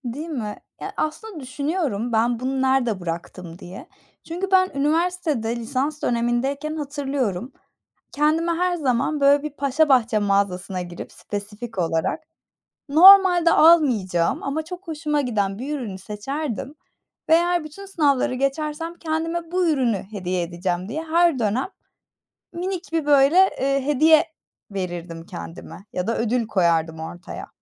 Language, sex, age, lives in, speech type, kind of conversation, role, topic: Turkish, female, 25-29, Germany, conversation, unstructured, none, En son yaşadığın küçük mutluluk neydi?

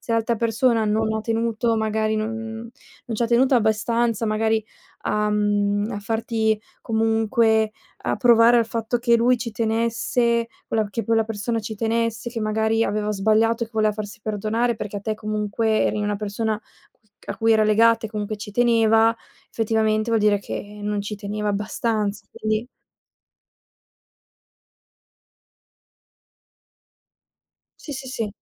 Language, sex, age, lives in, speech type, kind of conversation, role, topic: Italian, female, 20-24, Italy, conversation, advice, advisor, Come ti ritiri e ti isoli dopo piccoli conflitti?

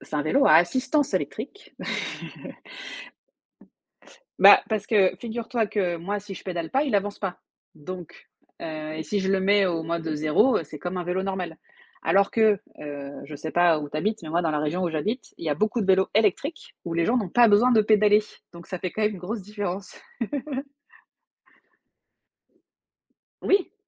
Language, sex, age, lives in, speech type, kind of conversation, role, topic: French, female, 35-39, France, conversation, unstructured, none, Quelles habitudes t’aident à bien commencer ta matinée ?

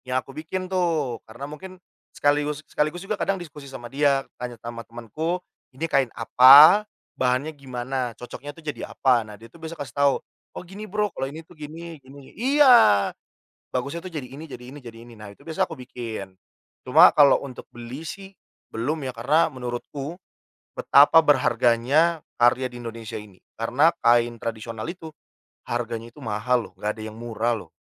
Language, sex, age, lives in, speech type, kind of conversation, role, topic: Indonesian, male, 30-34, Indonesia, conversation, podcast, guest, Apa saja tantangan saat menggabungkan elemen tradisional dengan busana modern?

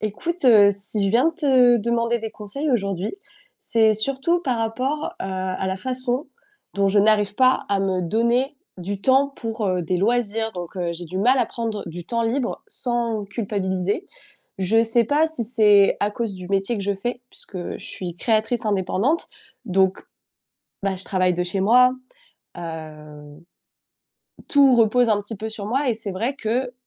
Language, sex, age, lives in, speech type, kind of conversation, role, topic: French, female, 25-29, France, conversation, advice, user, Comment puis-je fixer des limites à mon temps libre sans culpabiliser ?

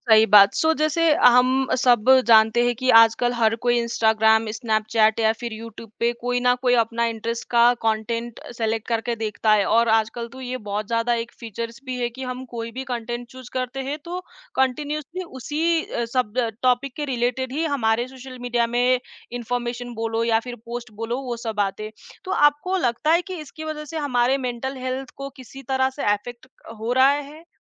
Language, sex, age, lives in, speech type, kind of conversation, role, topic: Hindi, female, 20-24, India, conversation, podcast, host, Social media se mental health par kya asar padta hai, tumhara kya nazariya hai?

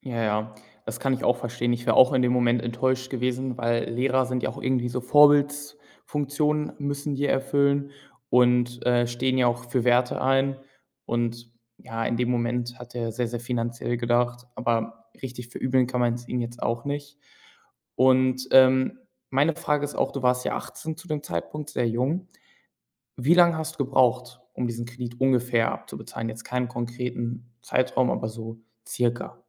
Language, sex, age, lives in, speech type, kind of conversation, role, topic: German, male, 18-19, Germany, conversation, podcast, host, Kannst du von einer Niederlage erzählen, aus der du viel gelernt hast?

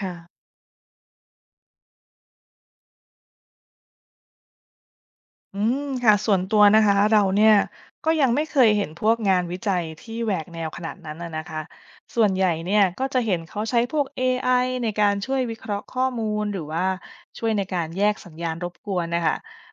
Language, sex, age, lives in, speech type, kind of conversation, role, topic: Thai, female, 30-34, United States, read, expert-assistant, asker, จะมีแนวทางใหม่ๆ ในการตรวจจับสัญญาณของสิ่งมีชีวิตนอกโลกที่เราอาจยังไม่เคยนึกถึงหรือไม่? และถ้าได้ คุณคิดว่ามีอะไรบ้าง?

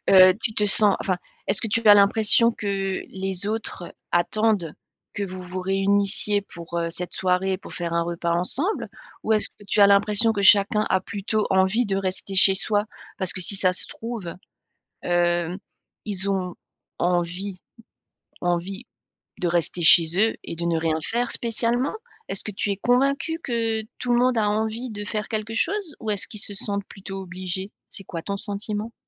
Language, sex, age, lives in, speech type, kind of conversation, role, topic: French, female, 45-49, France, conversation, advice, advisor, Comment puis-je refuser une invitation à une fête sans blesser les autres ?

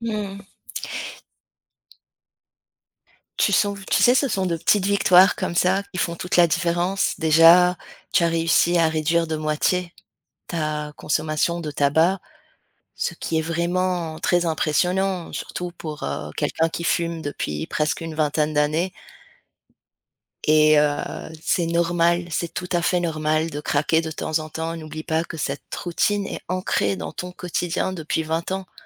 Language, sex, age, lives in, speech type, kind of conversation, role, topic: French, female, 35-39, France, conversation, advice, advisor, Pourquoi est-ce que j’abandonne une nouvelle routine d’exercice au bout de quelques jours ?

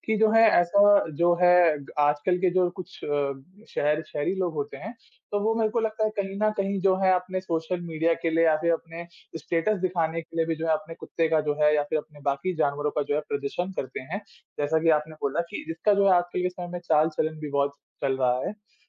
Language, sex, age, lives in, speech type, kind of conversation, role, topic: Hindi, male, 20-24, India, conversation, unstructured, none, क्या बिना किसी कारण के जानवरों को छोड़ देना सही है?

